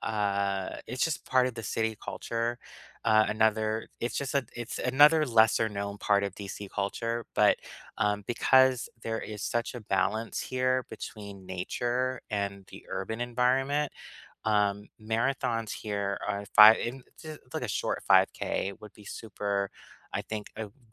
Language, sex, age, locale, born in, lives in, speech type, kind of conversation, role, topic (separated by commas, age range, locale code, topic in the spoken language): English, male, 35-39, en-US, United States, United States, conversation, unstructured, none, What hidden gems in your hometown would you recommend to help me experience your city like a local?